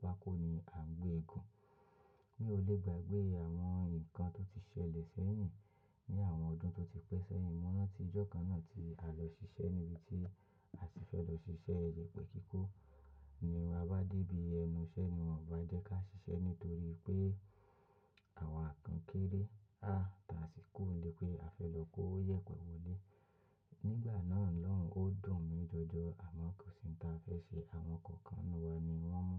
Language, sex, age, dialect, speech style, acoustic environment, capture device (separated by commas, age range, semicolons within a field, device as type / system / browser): Yoruba, male, 25-34, Nigeria - Lagos Yoruba, relaxed, silence, mobile / macOS / Safari